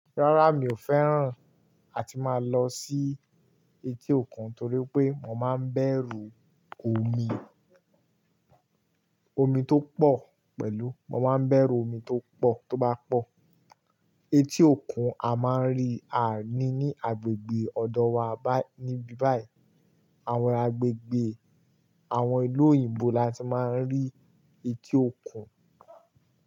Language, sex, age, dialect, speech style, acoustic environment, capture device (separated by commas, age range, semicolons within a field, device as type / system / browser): Yoruba, male, 18-24, Nigeria - Kano, relaxed, nature, mobile / Linux / Chrome